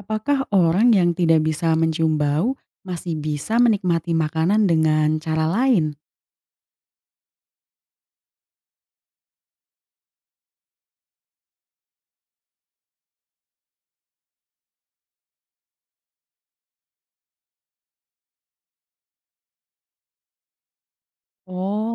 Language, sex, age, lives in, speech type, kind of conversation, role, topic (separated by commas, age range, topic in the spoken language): Indonesian, female, 20-24, Indonesia, read, expert-assistant, asker, Mengapa kita bisa mencium bau makanan?